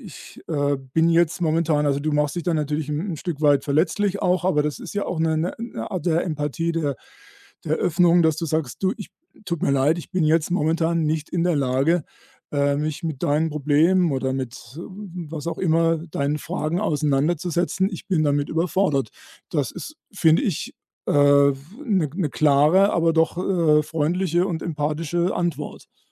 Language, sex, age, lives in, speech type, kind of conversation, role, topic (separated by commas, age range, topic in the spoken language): German, male, 60-64, Germany, conversation, advice, advisor, Wie kann ich meinen Freunden sagen, dass mich der Druck belastet, immer verfügbar und unterstützend zu sein?